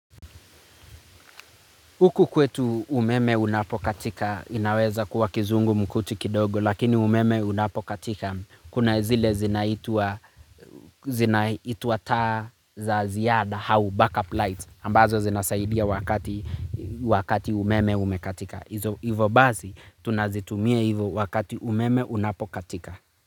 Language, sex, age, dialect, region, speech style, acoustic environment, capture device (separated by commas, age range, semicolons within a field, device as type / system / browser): Swahili, male, 25-34, Kenya - Nairobi Sheng-influenced, Kenya, focused, nature, mobile / Linux / Chrome